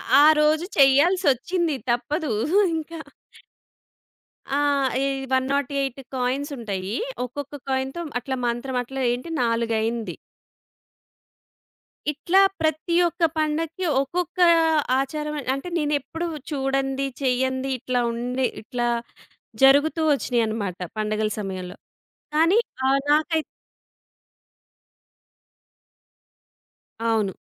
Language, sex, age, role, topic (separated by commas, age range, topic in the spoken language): Telugu, female, 30-34, guest, పండుగల సమయంలో మీ ఇంట్లో పాటించే ప్రత్యేక ఆచారాలు ఏవి ఉన్నాయి?